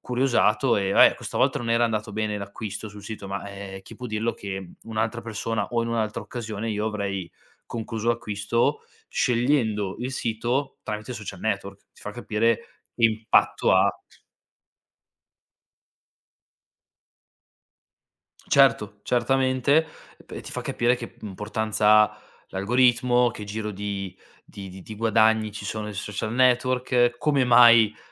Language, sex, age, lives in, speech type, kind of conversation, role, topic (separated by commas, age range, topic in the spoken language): Italian, male, 25-29, Italy, conversation, podcast, guest, Che ruolo hanno i social nel tuo modo di vestirti?